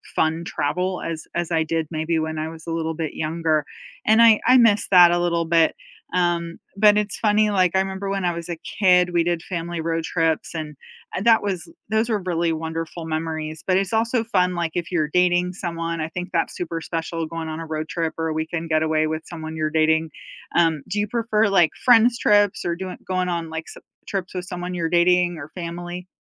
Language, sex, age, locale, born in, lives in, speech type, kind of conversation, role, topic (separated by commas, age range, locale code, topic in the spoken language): English, female, 45-49, en-US, United States, United States, conversation, unstructured, none, What’s the best nearby weekend road trip you’ve actually taken, and what made it unforgettable?